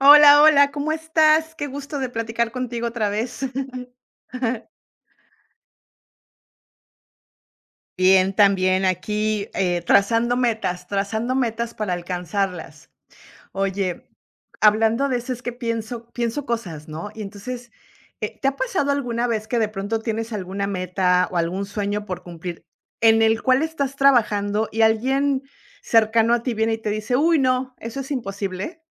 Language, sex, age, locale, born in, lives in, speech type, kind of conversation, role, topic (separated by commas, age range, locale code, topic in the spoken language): Spanish, female, 50-54, es-MX, Mexico, United States, conversation, unstructured, none, ¿Qué harías si alguien te dijera que tu meta es imposible?